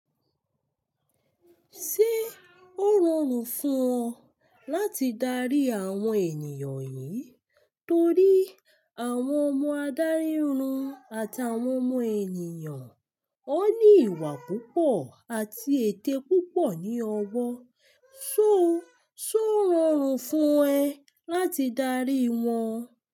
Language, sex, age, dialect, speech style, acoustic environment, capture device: Yoruba, female, 25-34, Nigeria - Lagos Yoruba, relaxed, silence, mobile / Linux / Chrome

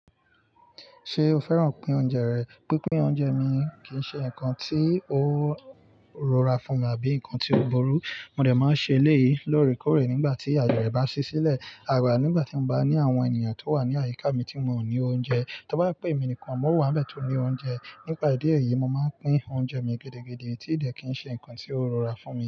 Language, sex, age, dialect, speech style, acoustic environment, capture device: Yoruba, male, 25-34, Nigeria - Nigerian Standard, focused, nature, mobile / Linux / Chrome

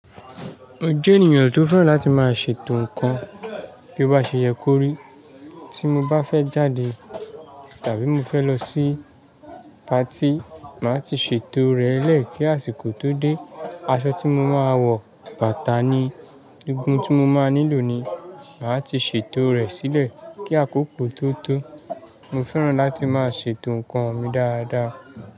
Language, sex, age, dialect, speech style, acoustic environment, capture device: Yoruba, male, 25-34, Nigeria - Lagos Yoruba, happy, nature; people talking, mobile / Linux / Chrome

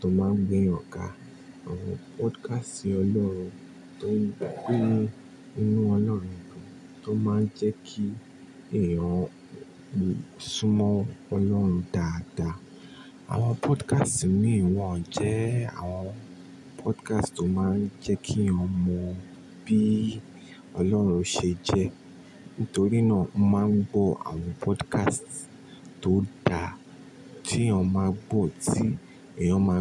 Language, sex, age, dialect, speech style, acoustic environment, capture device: Yoruba, male, 25-34, Nigeria - Nigerian Standard, relaxed, appliances, mobile / Linux / Chrome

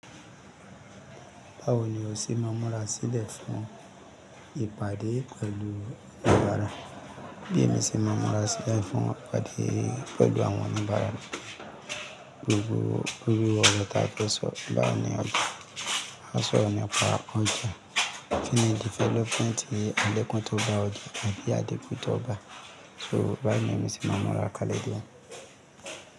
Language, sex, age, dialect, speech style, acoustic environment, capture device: Yoruba, male, 35-44, Nigeria - Lagos Yoruba, happy; excited, people talking; music, mobile / Linux / Chrome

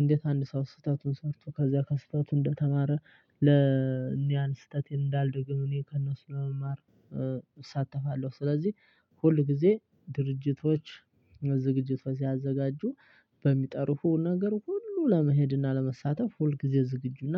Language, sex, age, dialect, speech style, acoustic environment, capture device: Amharic, male, 25-34, Ethiopia - Addis Ababa, excited, silence, desktop / Linux / Chrome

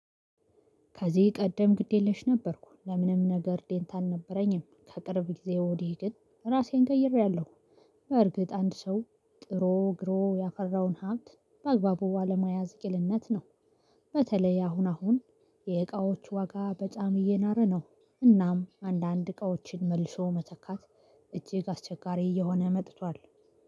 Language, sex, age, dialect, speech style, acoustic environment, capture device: Amharic, female, 35-44, Ethiopia - Gondar, happy, silence, mobile / Linux / Chrome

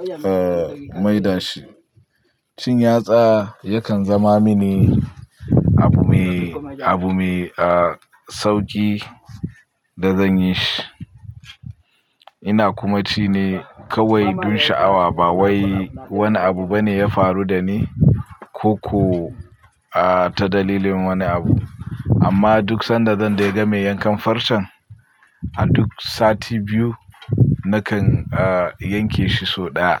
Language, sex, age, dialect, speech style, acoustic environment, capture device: Hausa, male, 25-34, Nigeria - Pidgin-influenced, focused, people talking; nature, mobile / Linux / Chrome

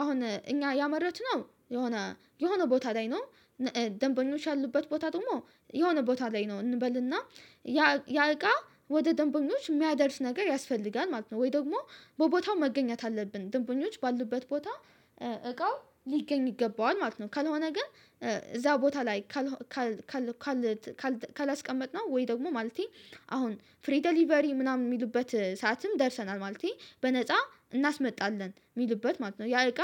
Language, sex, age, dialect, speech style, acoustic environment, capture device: Amharic, female, 18-24, Ethiopia - Addis Ababa, relaxed, silence, mobile / Linux / Chrome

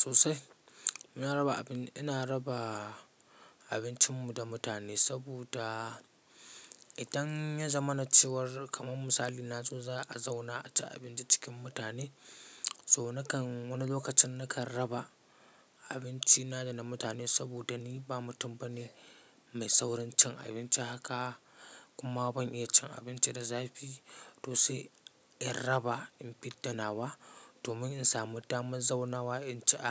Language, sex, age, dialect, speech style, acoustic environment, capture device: Hausa, male, 25-34, Nigeria - Katsina, focused; relaxed, silence, mobile / Linux / Chrome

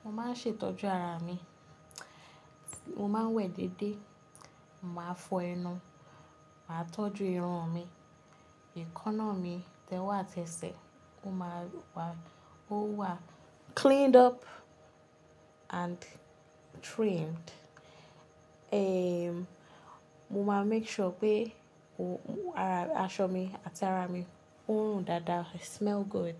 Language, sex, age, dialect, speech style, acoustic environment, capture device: Yoruba, female, 25-34, Nigeria - Lagos Yoruba, relaxed, appliances, mobile / Linux / Chrome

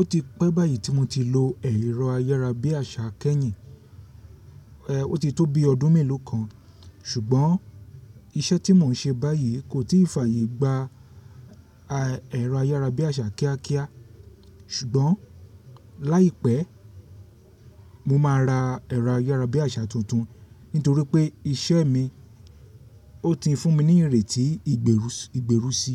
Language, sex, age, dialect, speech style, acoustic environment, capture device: Yoruba, male, 35-44, Nigeria - Lagos Yoruba, happy; focused; excited; relaxed, silence, mobile / Linux / Chrome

